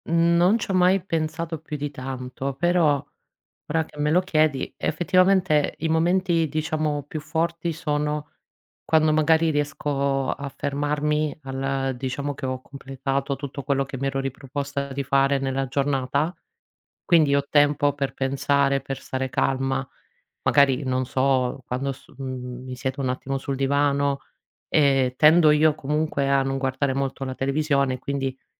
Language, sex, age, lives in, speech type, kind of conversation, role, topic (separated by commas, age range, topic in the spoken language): Italian, female, 40-44, Italy, conversation, advice, user, Come posso gestire reazioni intense a ricordi o stimoli emotivi che mi scatenano?